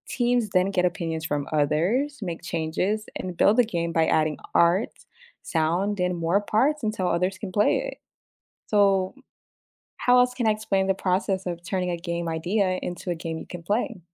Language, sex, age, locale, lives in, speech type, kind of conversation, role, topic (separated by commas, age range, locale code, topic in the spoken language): English, female, 25-29, en-US, United States, read, expert-assistant, expert, What is the process for designing and testing a new video game?